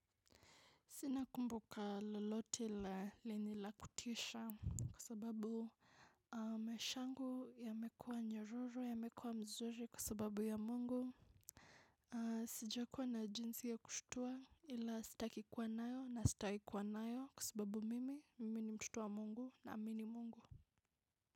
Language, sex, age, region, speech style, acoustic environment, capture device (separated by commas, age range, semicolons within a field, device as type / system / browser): Swahili, female, 25-34, Kenya, focused, silence, mobile / macOS / Safari